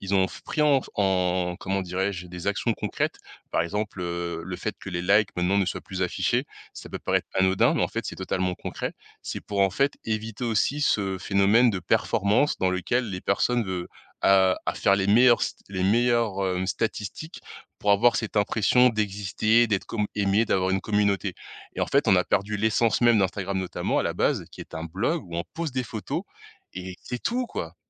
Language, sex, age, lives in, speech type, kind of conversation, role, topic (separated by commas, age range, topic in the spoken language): French, male, 20-24, France, conversation, podcast, guest, Quel est ton rapport aux réseaux sociaux au quotidien ?